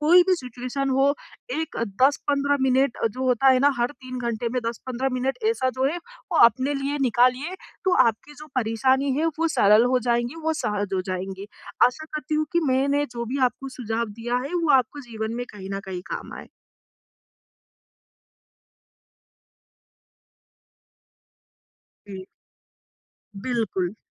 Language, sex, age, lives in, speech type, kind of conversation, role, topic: Hindi, female, 20-24, India, conversation, advice, advisor, मैं घर पर आराम करते हुए तनाव कैसे कम कर सकता/सकती हूँ?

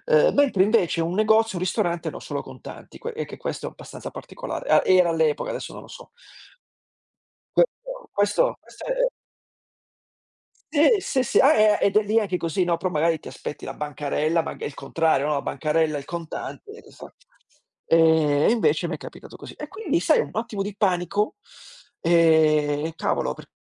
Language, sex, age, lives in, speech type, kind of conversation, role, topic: Italian, male, 45-49, Italy, conversation, unstructured, none, Qual è il ricordo più sorprendente che conservi di un viaggio?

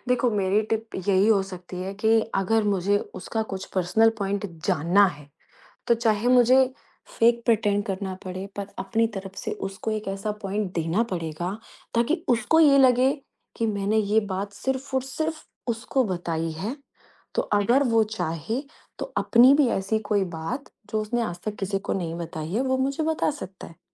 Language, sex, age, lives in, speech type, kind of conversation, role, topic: Hindi, female, 35-39, India, conversation, podcast, guest, Jab tumhe kisi ki feelings samajhni hoti hain, toh tum usually kya tareeka apnaate ho?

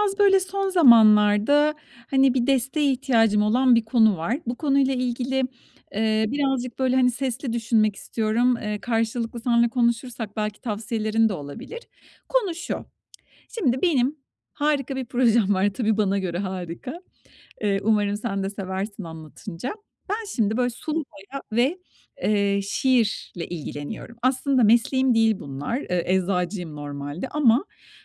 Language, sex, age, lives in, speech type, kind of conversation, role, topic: Turkish, female, 45-49, Spain, conversation, advice, user, Odaklanma süremi artırıp dikkatimi korumak için hangi alışkanlıkları geliştirebilirim?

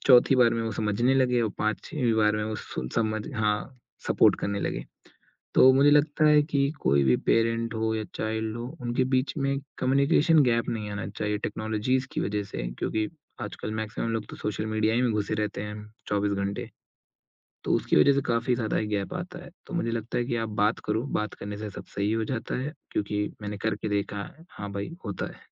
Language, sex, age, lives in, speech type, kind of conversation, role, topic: Hindi, male, 20-24, India, conversation, podcast, guest, Family mein mental health ko lekar nazariya peedhi dar peedhi kaise badla?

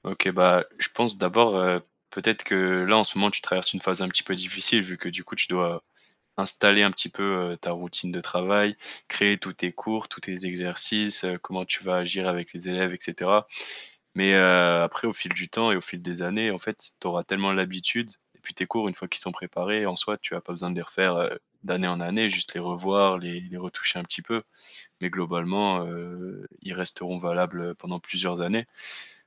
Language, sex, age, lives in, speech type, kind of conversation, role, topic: French, male, 20-24, France, conversation, advice, advisor, Comment identifier les signes physiques et émotionnels de l’épuisement, et savoir s’il s’agit d’une fatigue normale ou d’un burnout ?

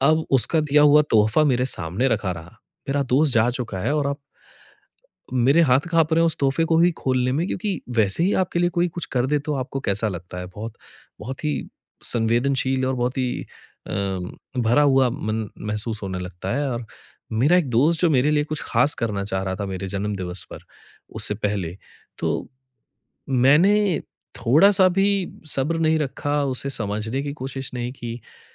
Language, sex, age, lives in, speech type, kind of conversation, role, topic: Hindi, male, 30-34, India, conversation, advice, user, ब्रेकअप के बाद मैं दुःख, क्रोध और पछतावे को कैसे संभालूँ?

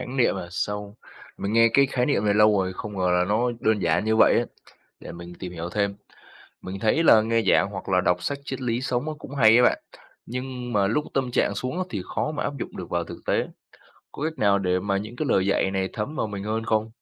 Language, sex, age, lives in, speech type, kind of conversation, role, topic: Vietnamese, male, 20-24, Vietnam, read, expert-assistant, asker, Làm sao tìm bình an tinh thần nhờ lời dạy tôn giáo khi gặp khủng hoảng?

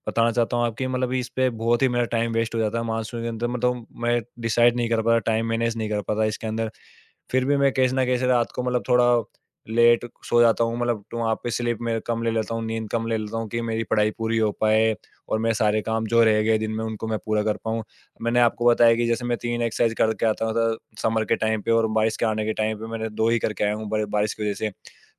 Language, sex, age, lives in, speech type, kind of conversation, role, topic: Hindi, male, 20-24, India, conversation, podcast, guest, Monsoon aane par tumhara roz ka routine kaise badal jaata hai?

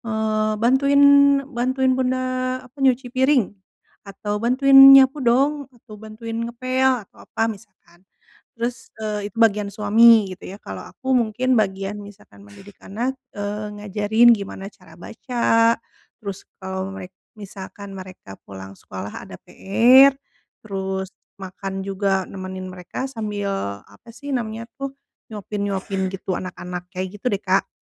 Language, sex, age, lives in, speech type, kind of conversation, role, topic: Indonesian, female, 35-39, Indonesia, conversation, advice, user, Bagaimana cara mengelola emosi saat rutinitas sehari-hari terasa berat?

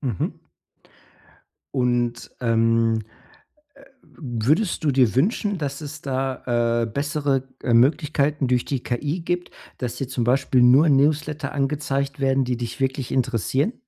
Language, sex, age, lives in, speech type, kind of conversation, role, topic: German, male, 45-49, United States, conversation, podcast, host, Wie gehst du mit der Newsletterflut in deinem Postfach um?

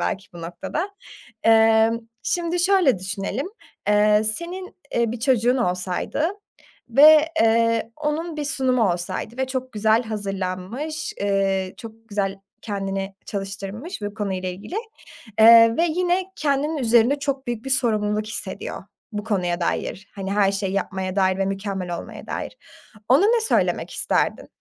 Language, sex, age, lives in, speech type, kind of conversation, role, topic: Turkish, female, 20-24, Germany, conversation, advice, advisor, Toplantı ya da sunum öncesinde neden panik hissediyorum?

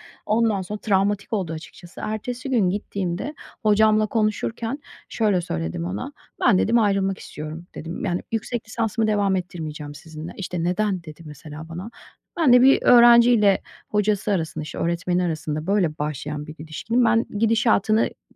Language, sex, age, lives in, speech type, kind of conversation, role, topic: Turkish, female, 40-44, United States, conversation, advice, user, Suçluluk hissi yüzünden aileme ve arkadaşlarıma hayır demekte neden zorlanıyorum ve bunu nasıl daha rahat yapabilirim?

